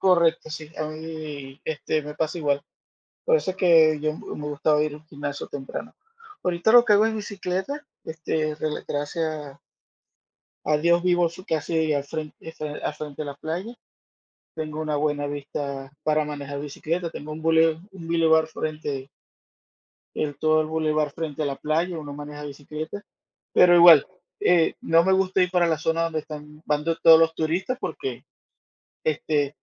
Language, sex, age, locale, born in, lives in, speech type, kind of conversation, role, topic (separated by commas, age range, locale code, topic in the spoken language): Spanish, male, 45-49, es-VE, Venezuela, United States, conversation, unstructured, none, ¿Crees que el miedo a enfermar debería motivar a más personas a hacer ejercicio?